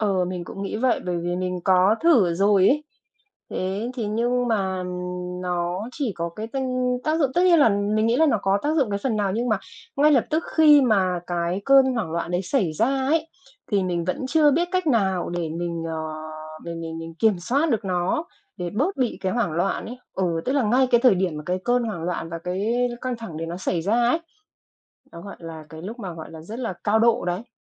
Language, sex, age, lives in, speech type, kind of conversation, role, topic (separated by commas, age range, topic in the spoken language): Vietnamese, female, 45-49, Vietnam, conversation, advice, user, Vì sao tôi thường bị hoảng loạn hoặc tim đập nhanh khi căng thẳng?